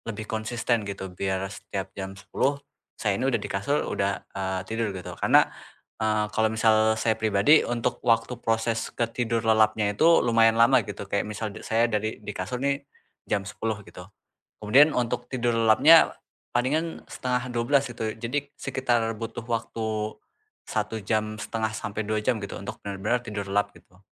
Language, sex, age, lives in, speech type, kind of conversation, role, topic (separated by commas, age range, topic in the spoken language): Indonesian, male, 20-24, Indonesia, conversation, advice, user, Bagaimana saya bisa memblokir waktu agar saya dapat beristirahat secara teratur?